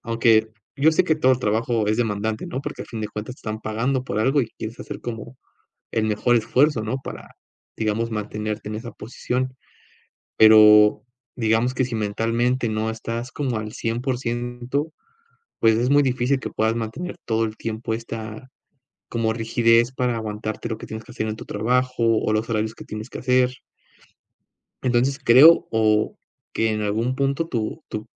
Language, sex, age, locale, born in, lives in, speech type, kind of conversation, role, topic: Spanish, male, 25-29, es-MX, Mexico, Mexico, conversation, advice, advisor, ¿Cómo puedo recuperar la motivación para mantener una rutina de ejercicio regular?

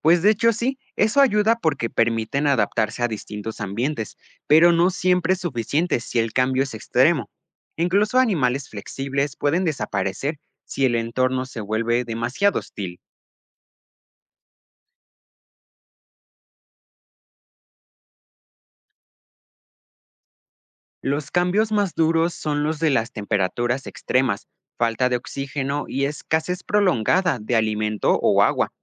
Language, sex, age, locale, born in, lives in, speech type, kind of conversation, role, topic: Spanish, male, 18-19, es-MX, Mexico, Mexico, read, expert-assistant, expert, ¿Cómo es que algunos animales parecen no haber cambiado en millones de años? ¿Eso contradice la teoría de la evolución o hay otra explicación?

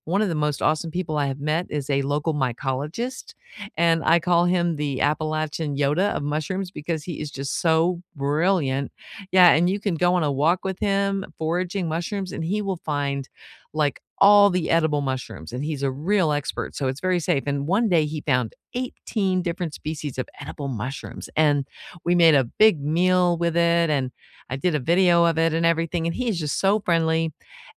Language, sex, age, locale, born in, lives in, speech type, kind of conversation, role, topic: English, female, 55-59, en-US, United States, United States, conversation, unstructured, none, Who are the friendliest locals you've met, and what did they share with you?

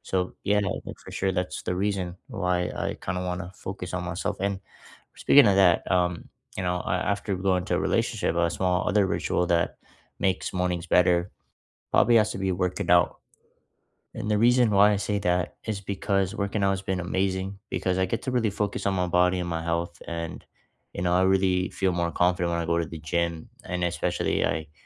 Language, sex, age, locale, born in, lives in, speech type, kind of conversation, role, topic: English, male, 20-24, en-CA, Canada, Canada, conversation, unstructured, none, What is a small daily ritual that makes your mornings better?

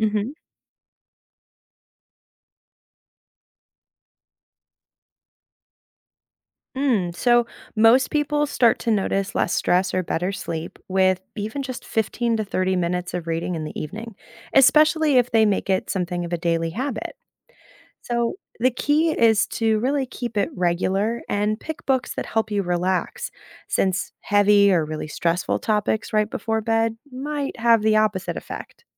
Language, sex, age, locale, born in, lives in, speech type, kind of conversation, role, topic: English, female, 30-34, en-US, United States, United States, read, expert-assistant, expert, What are the benefits of reading books regularly?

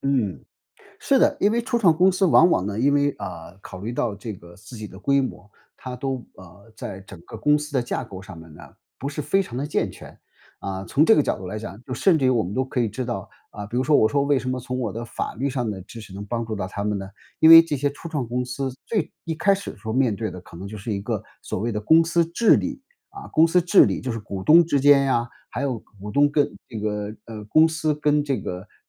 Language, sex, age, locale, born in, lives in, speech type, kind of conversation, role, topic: Chinese, male, 55-59, zh-CN, China, United States, conversation, podcast, guest, 你当初为什么选择走这条职业道路？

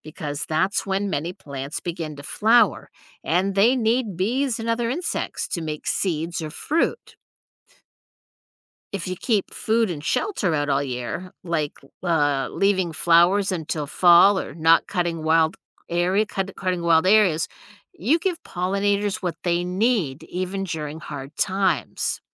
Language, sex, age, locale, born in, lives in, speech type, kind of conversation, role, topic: English, female, 55-59, en-US, United States, United States, read, expert-assistant, expert, How do bees pollinate flowers and crops?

